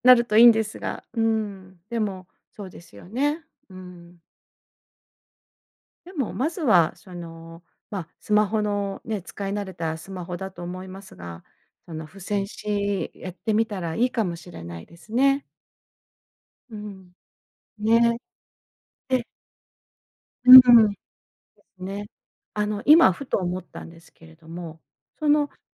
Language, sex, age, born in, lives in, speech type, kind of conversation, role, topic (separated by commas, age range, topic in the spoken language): Japanese, female, 50-54, Japan, United States, conversation, advice, advisor, 締め切りに間に合わないことが続く